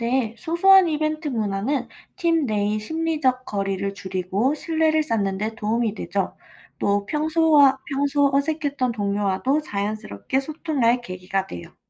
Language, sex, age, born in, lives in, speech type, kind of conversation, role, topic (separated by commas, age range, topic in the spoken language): Korean, female, 25-29, South Korea, United States, read, expert-assistant, expert, 말투나 억양이 다른 사람들과 소통할 때 서로 오해하지 않게 대화를 어떻게 조율할 수 있을까요?